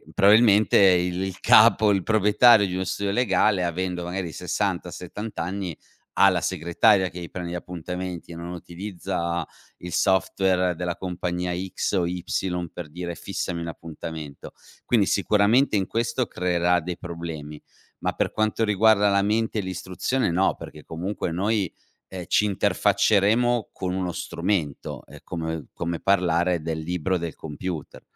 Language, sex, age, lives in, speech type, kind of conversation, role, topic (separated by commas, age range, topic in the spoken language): Italian, male, 35-39, Italy, conversation, podcast, guest, In che modo la tecnologia sta cambiando il modo in cui impariamo oggi?